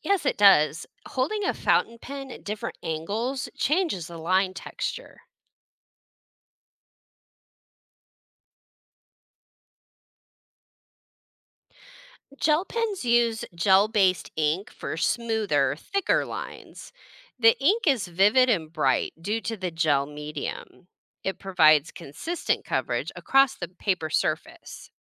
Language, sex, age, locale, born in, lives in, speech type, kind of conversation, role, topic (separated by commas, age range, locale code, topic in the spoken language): English, female, 40-44, en-US, United States, United States, read, expert-assistant, expert, How does a pen create different types of lines when we write?